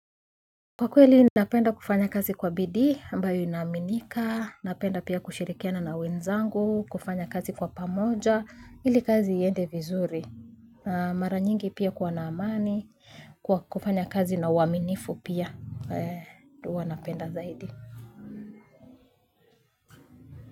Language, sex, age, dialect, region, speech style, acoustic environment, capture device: Swahili, female, 25-34, Kenya - Nairobi Sheng-influenced, Kenya, happy; excited, silence, mobile / Linux / Chrome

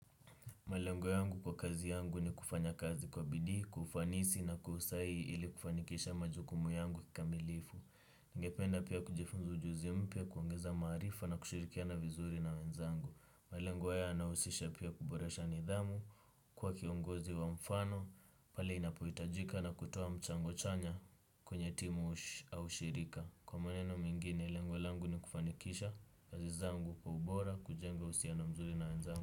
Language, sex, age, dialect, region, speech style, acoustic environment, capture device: Swahili, male, 18-24, Kenya - Nairobi Sheng-influenced, Kenya, relaxed, silence; nature, desktop / Windows / Chrome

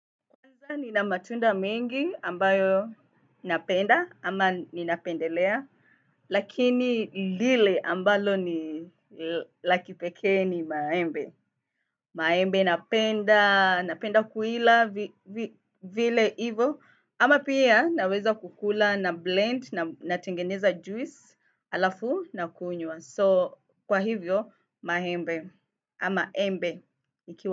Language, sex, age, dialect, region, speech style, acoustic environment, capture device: Swahili, female, 25-34, Kenya - Mombasa, Kenya, happy; excited; nervous; focused; relaxed, nature, desktop / Windows / Firefox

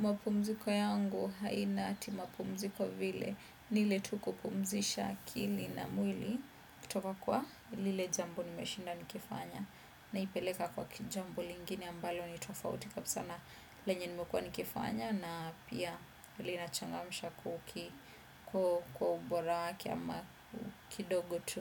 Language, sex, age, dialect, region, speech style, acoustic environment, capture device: Swahili, female, 25-34, Kenya - Nairobi Sheng-influenced, Kenya, focused, appliances; silence, desktop / Windows / Chrome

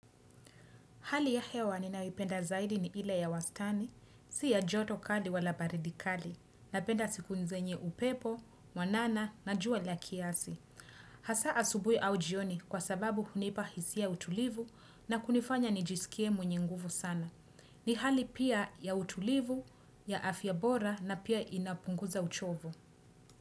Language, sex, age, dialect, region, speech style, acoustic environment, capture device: Swahili, female, 25-34, Kenya - Nairobi Sheng-influenced, Kenya, happy, nature, desktop / Windows / Chrome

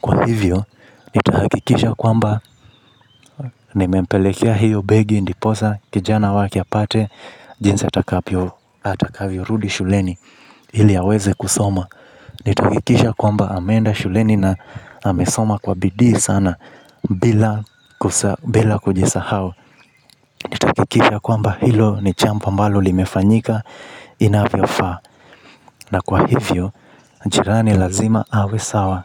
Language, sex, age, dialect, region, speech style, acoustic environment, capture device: Swahili, male, 25-34, Kenya - Mombasa, Kenya, happy, nature, mobile / Linux / Chrome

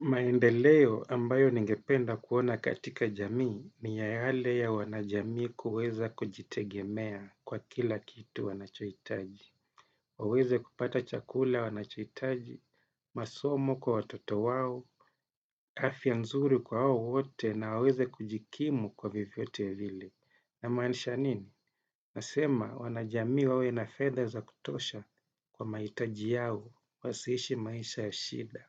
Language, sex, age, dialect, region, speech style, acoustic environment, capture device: Swahili, male, 35-44, Kenya - Mombasa, Kenya, happy, silence, desktop / Windows / Chrome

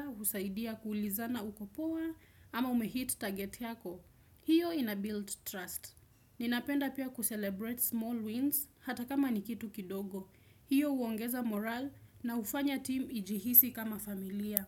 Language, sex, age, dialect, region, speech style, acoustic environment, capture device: Swahili, female, 18-24, Kenya - Nairobi Sheng-influenced, Kenya, happy; relaxed; excited; focused, silence; appliances, desktop / Windows / Chrome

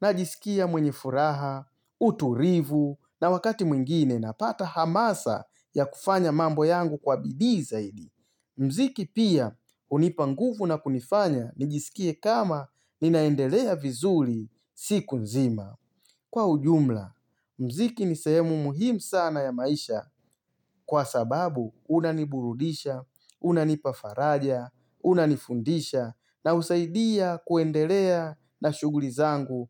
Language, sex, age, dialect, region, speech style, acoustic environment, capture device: Swahili, male, 35-44, Tanzania - Dar es Salaam, Tanzania, focused; excited; happy; relaxed, silence, mobile / Linux / Chrome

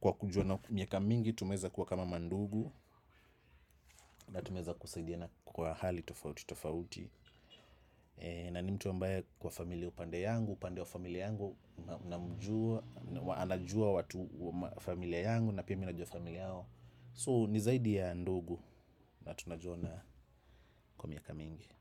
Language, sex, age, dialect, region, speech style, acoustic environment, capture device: Swahili, male, 35-44, Kenya - Nairobi Sheng-influenced, Kenya, excited; focused, silence, desktop / macOS / Chrome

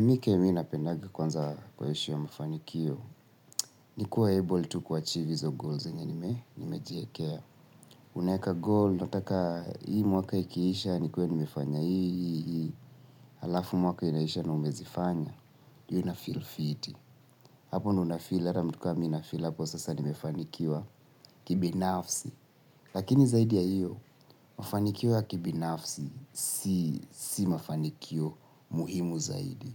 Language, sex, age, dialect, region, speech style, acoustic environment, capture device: Swahili, male, 25-34, Kenya - Nairobi Sheng-influenced, Kenya, tired, silence; nature, mobile / Linux / Chrome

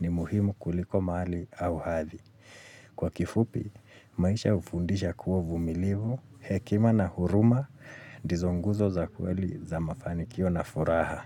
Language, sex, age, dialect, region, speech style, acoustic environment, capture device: Swahili, male, 35-44, Kenya - Nairobi Sheng-influenced, Kenya, focused, silence, mobile / Linux / Chrome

